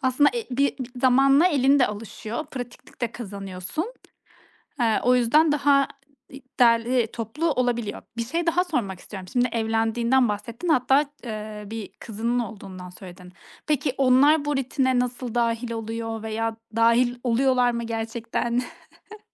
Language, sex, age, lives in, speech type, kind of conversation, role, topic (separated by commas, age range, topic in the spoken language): Turkish, female, 30-34, Estonia, conversation, podcast, host, Dağınıklığı hızlıca toparlamak için ne yaparsın?